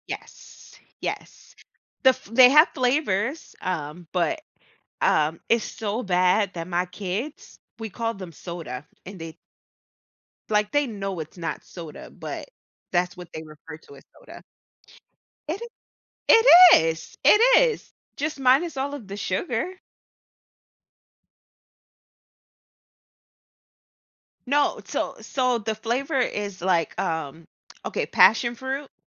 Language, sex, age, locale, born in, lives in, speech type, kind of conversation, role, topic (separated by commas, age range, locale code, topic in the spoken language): English, female, 35-39, en-US, United States, United States, conversation, unstructured, none, What influences your preference for sweet or savory snacks?